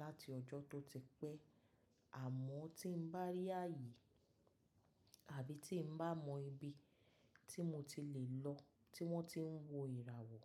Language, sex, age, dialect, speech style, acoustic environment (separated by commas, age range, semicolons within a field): Yoruba, female, 35-44, Nigeria - Lagos Yoruba, happy; relaxed; excited; focused, silence